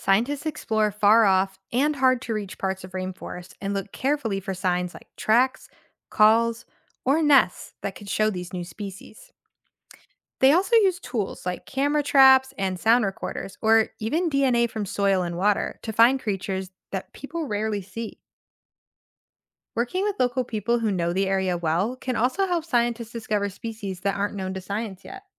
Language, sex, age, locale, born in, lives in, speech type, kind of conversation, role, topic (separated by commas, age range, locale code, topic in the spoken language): English, female, 25-29, en-US, United States, United States, read, expert-assistant, expert, Why do some plants and animals go extinct?